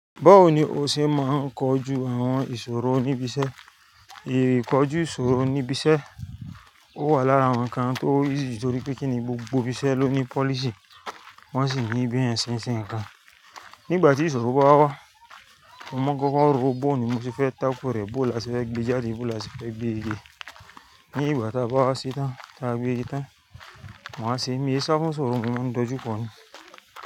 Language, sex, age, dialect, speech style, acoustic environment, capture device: Yoruba, male, 35-44, Nigeria - Lagos Yoruba, stressed, nature, mobile / Linux / Chrome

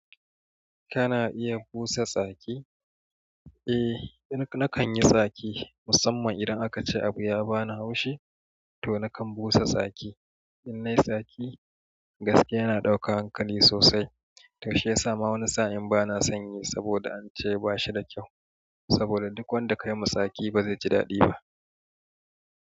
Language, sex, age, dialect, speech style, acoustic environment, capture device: Hausa, male, 35-44, Nigeria - Kano, happy; excited, nature, mobile / Android / Chrome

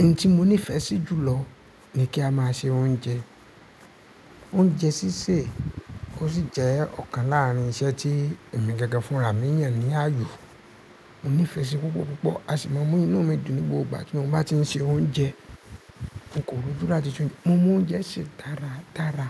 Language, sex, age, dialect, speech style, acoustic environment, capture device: Yoruba, male, 25-34, Nigeria - Ibadan, happy, appliances, mobile / Linux / Chrome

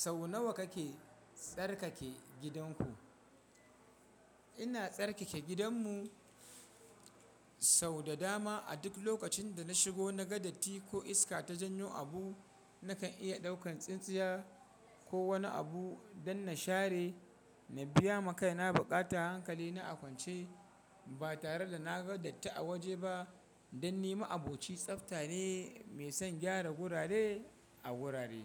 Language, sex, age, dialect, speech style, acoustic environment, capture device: Hausa, male, 25-34, Nigeria - Kano, happy; excited, people talking, mobile / Linux / Chrome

